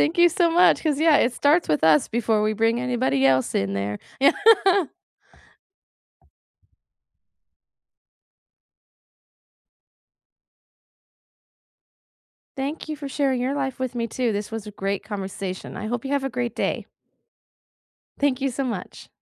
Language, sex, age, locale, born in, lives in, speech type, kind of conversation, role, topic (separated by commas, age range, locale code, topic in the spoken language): English, female, 20-24, en-US, United States, United States, conversation, unstructured, none, What everyday rituals help you feel close to loved ones?